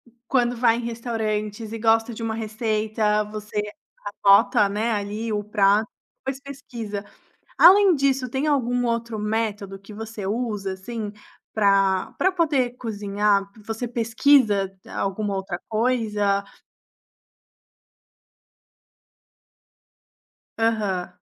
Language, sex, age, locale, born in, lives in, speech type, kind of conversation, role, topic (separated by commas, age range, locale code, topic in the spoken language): Portuguese, female, 25-29, pt-BR, Brazil, Italy, conversation, podcast, host, Como você planeja as refeições da semana?